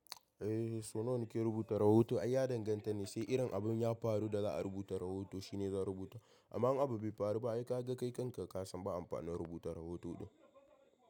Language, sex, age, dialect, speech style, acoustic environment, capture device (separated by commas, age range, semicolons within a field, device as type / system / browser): Hausa, male, 18-24, Nigeria - Kano, happy; stressed, people talking, mobile / macOS / Safari